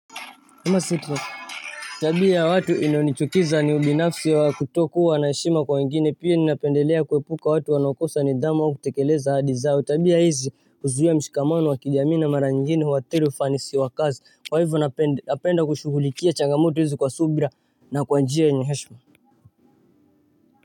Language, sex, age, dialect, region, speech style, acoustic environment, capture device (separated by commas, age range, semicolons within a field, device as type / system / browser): Swahili, female, 18-24, Kenya - Mombasa, Kenya, relaxed, silence, mobile / Linux / Chrome